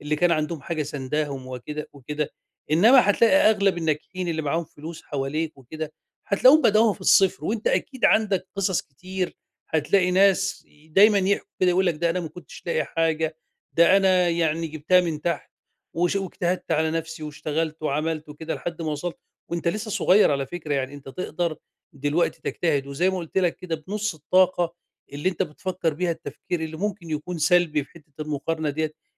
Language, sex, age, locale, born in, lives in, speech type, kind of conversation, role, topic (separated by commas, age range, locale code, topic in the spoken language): Arabic, male, 50-54, ar-EG, Egypt, Egypt, conversation, advice, advisor, إزاي أبطل أقارن نفسي بالناس وإيه تأثير ده عليّا؟